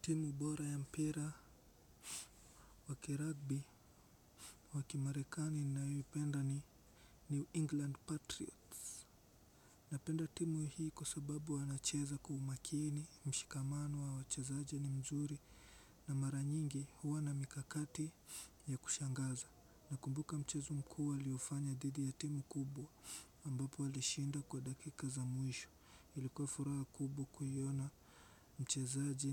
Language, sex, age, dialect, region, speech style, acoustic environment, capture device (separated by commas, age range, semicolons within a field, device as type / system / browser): Swahili, male, 18-24, Kenya - Mombasa, Kenya, relaxed, silence, desktop / Windows / Chrome